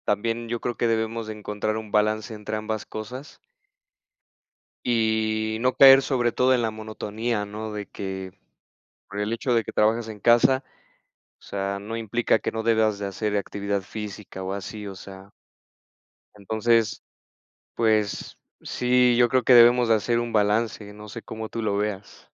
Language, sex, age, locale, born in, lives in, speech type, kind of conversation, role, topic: Spanish, male, 30-34, es-MX, Mexico, Mexico, conversation, unstructured, none, ¿De qué manera la tecnología ha facilitado tu vida diaria?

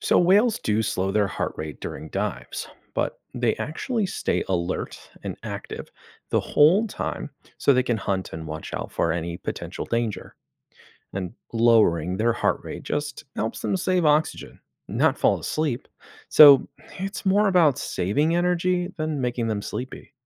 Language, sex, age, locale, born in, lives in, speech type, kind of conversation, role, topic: English, male, 25-29, en-US, United States, United States, read, expert-assistant, expert, How do whales hold their breath so long?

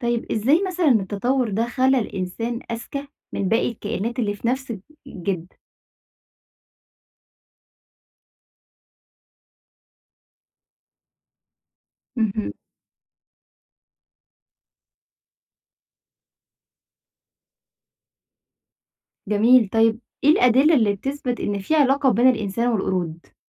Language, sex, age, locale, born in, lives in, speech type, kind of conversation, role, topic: Arabic, female, 25-29, ar-EG, Egypt, Egypt, read, expert-assistant, asker, إيه الصح في موضوع تطور الإنسان؟ فيه ناس بتقول إننا جينا من القردة وناس تانية بتقول ده مش دقيق، فإيه الحكاية بالظبط؟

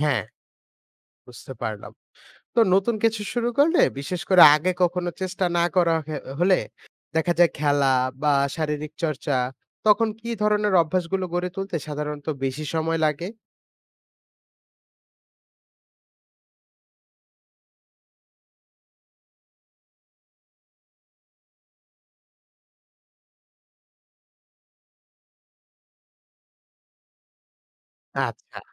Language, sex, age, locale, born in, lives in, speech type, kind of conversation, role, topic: Bengali, male, 20-24, bn-BD, Bangladesh, Bangladesh, read, expert-assistant, asker, ব্যস্ত জীবনে নিয়মিত খেলাধুলা শুরু ও অভ্যাসে পরিণত করা কি সম্ভব?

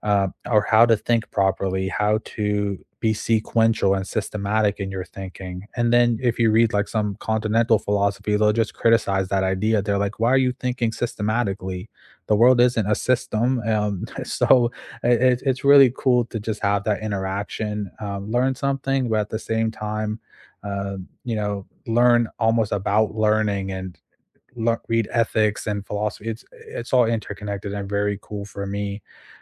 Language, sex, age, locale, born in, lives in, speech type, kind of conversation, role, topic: English, male, 25-29, en-US, United States, United States, conversation, unstructured, none, How do you usually decide what to read next, and who or what helps you choose?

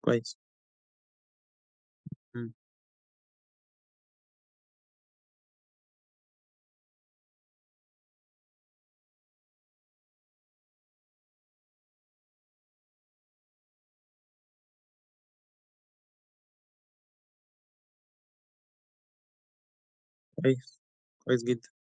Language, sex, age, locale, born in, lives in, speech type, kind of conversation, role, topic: Arabic, male, 40-44, ar-EG, Egypt, Egypt, conversation, podcast, host, إزاي تبني عادة صحية جديدة وتخليها تكمل؟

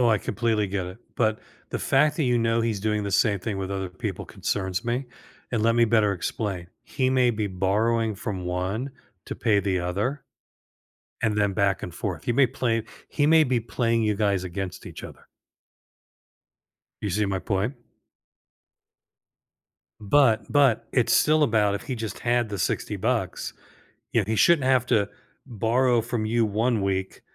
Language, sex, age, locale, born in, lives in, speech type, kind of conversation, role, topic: English, male, 65-69, en-US, United States, United States, conversation, advice, advisor, How do I set boundaries with a friend who only contacts me when they need something?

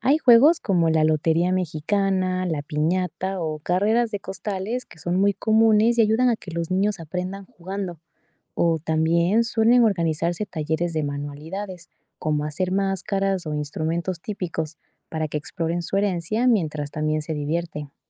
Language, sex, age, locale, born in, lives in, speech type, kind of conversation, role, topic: Spanish, female, 25-29, es-EC, Ecuador, Spain, read, expert-assistant, expert, ¿Qué papel han jugado la danza y la música en las celebraciones tradicionales de diferentes culturas latinoamericanas?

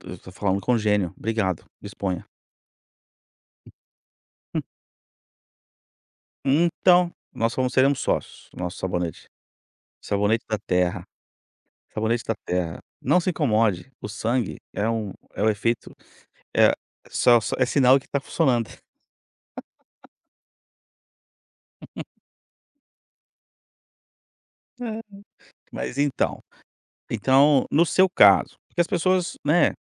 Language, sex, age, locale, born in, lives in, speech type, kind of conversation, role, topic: Portuguese, male, 45-49, pt-BR, Brazil, United States, conversation, podcast, host, Como sua estética mudou ao longo do tempo?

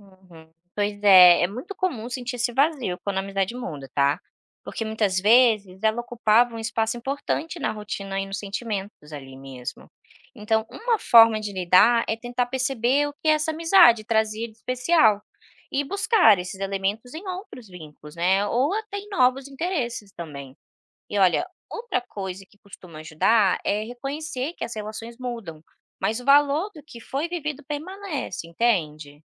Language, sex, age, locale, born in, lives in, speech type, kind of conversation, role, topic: Portuguese, female, 25-29, pt-BR, Brazil, Spain, read, expert-assistant, expert, Como manter amizades próximas mesmo com a rotina corrida?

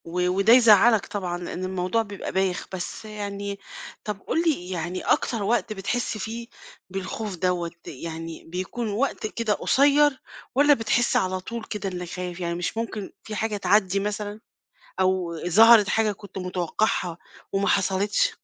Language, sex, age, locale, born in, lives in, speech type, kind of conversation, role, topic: Arabic, female, 50-54, ar-EG, Egypt, Portugal, conversation, advice, advisor, إزاي أتغلب على خوفي من إني أعبر عن رأيي في الشغل؟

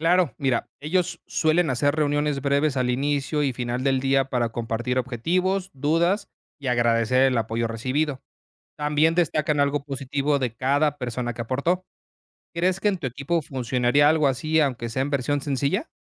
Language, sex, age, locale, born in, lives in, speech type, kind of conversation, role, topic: Spanish, male, 30-34, es-MX, Mexico, Mexico, read, expert-assistant, expert, ¿Cómo puede la exploración del espacio inspirarnos a superar obstáculos personales o profesionales en nuestra vida diaria?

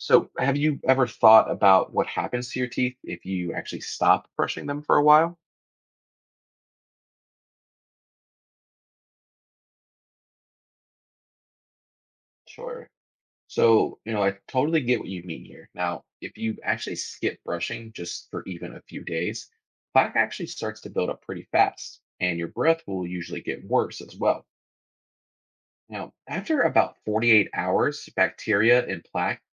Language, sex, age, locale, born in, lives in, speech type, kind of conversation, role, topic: English, male, 30-34, en-US, United States, United States, read, expert-assistant, expert, Why do we need to brush our teeth?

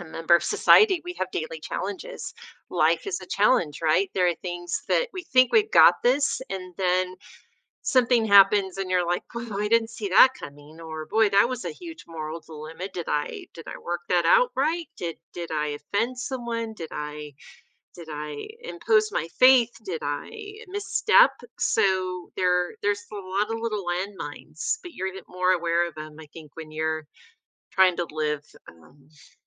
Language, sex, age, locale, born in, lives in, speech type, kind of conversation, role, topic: English, female, 55-59, en-US, United States, United States, conversation, podcast, guest, How can building positive habits change your everyday life?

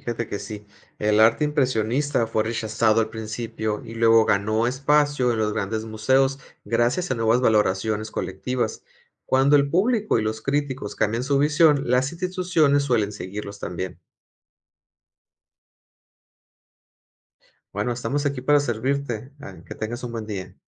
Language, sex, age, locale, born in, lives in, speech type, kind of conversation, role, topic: Spanish, male, 40-44, es-MX, Mexico, Mexico, read, expert-assistant, expert, ¿Qué aspectos son más importantes al evaluar una obra de arte: la técnica, el mensaje o la originalidad?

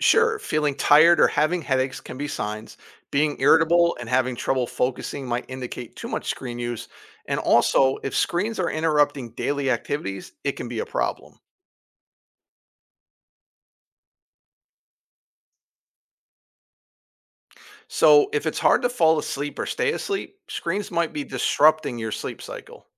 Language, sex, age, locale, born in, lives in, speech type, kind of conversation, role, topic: English, male, 50-54, en-US, United States, United States, read, expert-assistant, expert, How can I learn to manage my screen time effectively?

